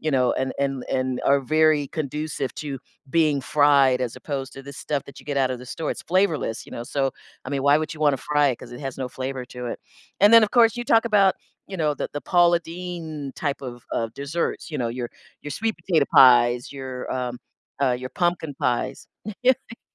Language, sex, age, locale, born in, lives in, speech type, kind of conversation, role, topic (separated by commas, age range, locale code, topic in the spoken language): English, female, 60-64, en-US, United States, United States, conversation, podcast, guest, How does sharing traditional foods help you connect with your heritage and others?